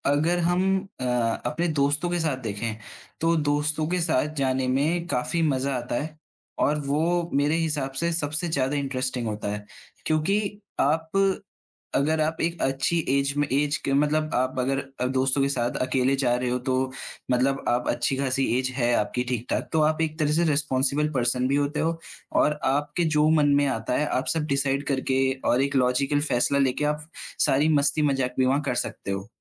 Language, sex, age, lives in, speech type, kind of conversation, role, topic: Hindi, male, 20-24, India, conversation, podcast, guest, Tumhara sabse yaadgaar safar ka kaunsa anubhav raha?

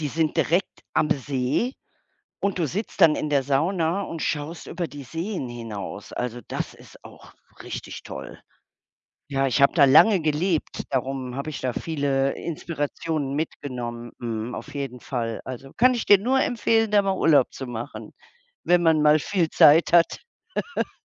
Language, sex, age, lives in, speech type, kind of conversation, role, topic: German, female, 60-64, Germany, conversation, unstructured, none, Wie gehst du mit Stress im Alltag um?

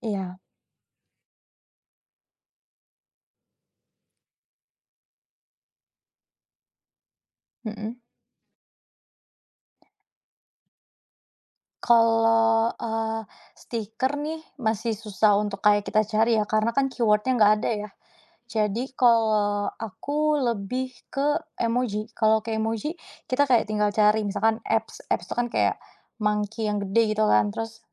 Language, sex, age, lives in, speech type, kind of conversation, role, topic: Indonesian, female, 25-29, Indonesia, conversation, podcast, guest, Seberapa sering kamu memakai emoji saat mengobrol lewat chat, dan apa alasannya?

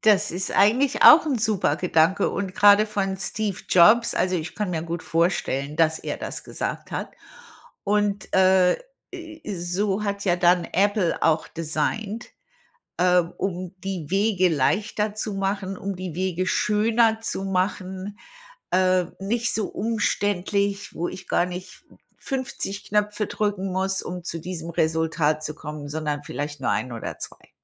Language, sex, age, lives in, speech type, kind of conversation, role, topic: German, female, 60-64, United States, conversation, podcast, host, Kannst du von einem Moment erzählen, auf den du stolz bist?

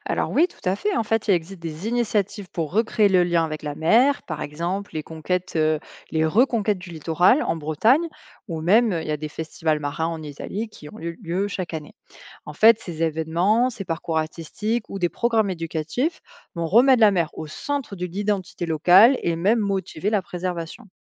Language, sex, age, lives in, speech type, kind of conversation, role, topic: French, female, 25-29, France, read, expert-assistant, expert, Pourquoi la mer est-elle si importante dans certaines cultures, alors qu’elle passe presque inaperçue dans d’autres ?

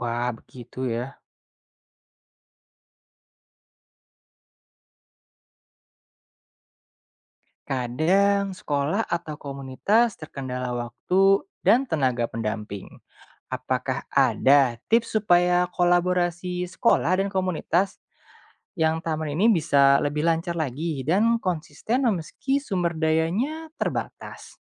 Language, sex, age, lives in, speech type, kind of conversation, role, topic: Indonesian, male, 25-29, Indonesia, read, expert-assistant, asker, Bagaimana cara terbaik merancang taman kota agar ramah bagi manusia sekaligus mendukung keanekaragaman hayati?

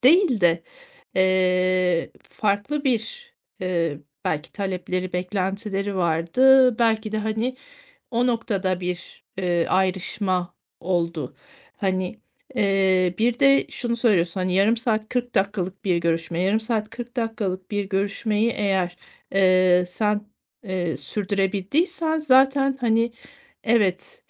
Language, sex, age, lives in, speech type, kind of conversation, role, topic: Turkish, female, 40-44, Spain, conversation, advice, advisor, İş ağımı genişletmek için etkinliklere katılma konusunda neden çekingen hissediyorum?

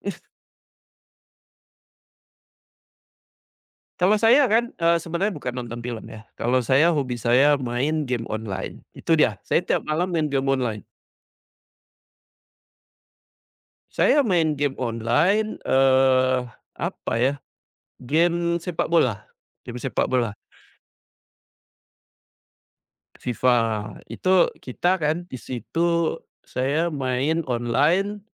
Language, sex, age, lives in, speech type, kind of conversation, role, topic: Indonesian, male, 40-44, Indonesia, conversation, unstructured, none, Hobi apa yang paling membuatmu bahagia?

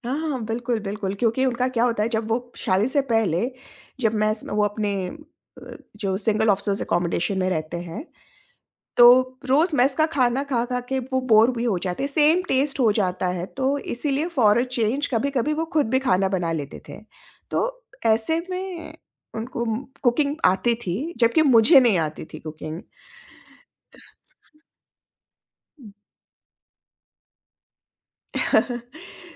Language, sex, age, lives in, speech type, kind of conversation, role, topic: Hindi, female, 45-49, India, conversation, podcast, guest, Cooking ya baking mein bitaya hua waqt aapke liye sach mein kaam ka kaise ban sakta hai?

